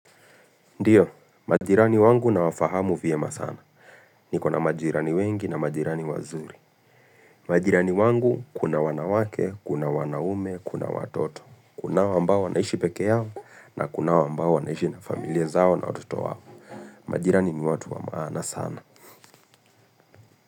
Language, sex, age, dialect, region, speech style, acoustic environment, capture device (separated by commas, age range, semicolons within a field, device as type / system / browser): Swahili, male, 25-34, Kenya - Nairobi Sheng-influenced, Kenya, happy; focused; relaxed, silence, mobile / Linux / Chrome